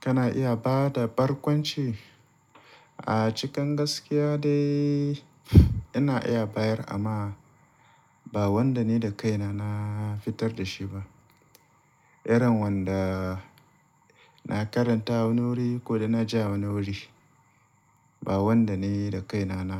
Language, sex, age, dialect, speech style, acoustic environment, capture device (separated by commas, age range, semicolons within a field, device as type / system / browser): Hausa, male, 35-44, Nigeria - Kano, relaxed, appliances, mobile / Linux / Chrome